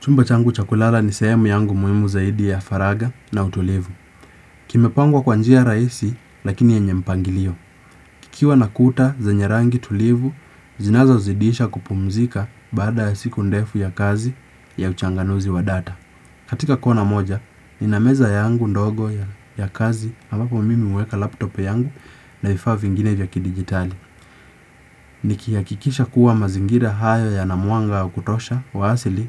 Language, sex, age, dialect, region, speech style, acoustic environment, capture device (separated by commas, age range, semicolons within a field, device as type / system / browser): Swahili, male, 18-24, Kenya - Nairobi Sheng-influenced, Kenya, relaxed; focused, nature; silence; appliances, desktop / Windows / Chrome